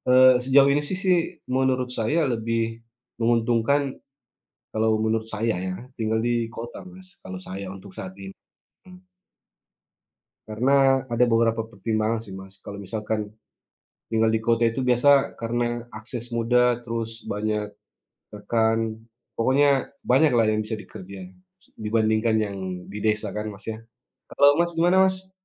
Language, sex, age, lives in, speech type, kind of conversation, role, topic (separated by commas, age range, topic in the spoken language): Indonesian, male, 35-39, Indonesia, conversation, unstructured, none, Apakah Anda lebih memilih tinggal di kota besar atau di desa?